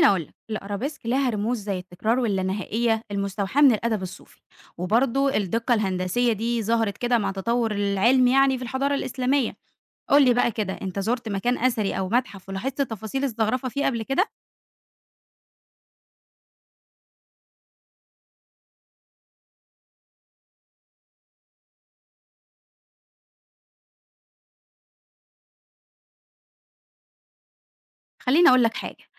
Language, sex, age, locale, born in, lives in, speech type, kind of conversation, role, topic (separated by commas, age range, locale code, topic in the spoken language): Arabic, female, 20-24, ar-EG, Egypt, Egypt, read, expert-assistant, expert, لو عايز صورة شاملة عن الأدب الكلاسيكي، أقرأ إيه أو أتابع مين علشان أفهم السياق والتطورات؟